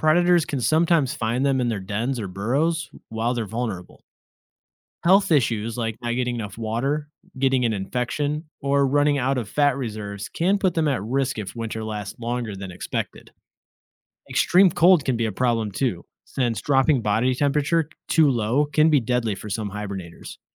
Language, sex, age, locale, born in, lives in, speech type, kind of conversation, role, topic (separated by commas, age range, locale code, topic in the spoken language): English, male, 30-34, en-US, United States, United States, read, expert-assistant, expert, How do animals survive winter through hibernation?